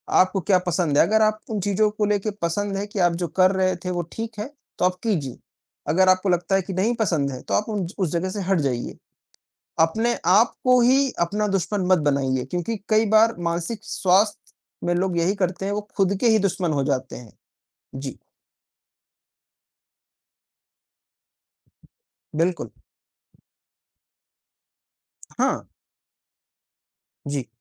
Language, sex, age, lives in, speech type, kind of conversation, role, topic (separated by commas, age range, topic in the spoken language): Hindi, male, 55-59, India, conversation, unstructured, none, आपके अनुसार मानसिक स्वास्थ्य क्यों ज़रूरी है?